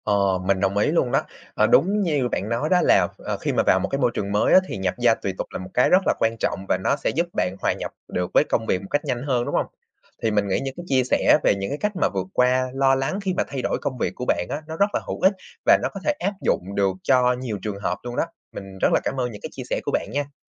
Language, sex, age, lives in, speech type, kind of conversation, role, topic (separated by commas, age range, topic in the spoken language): Vietnamese, male, 20-24, Vietnam, conversation, podcast, host, Bạn vượt qua cảm giác lo lắng như thế nào khi thay đổi công việc?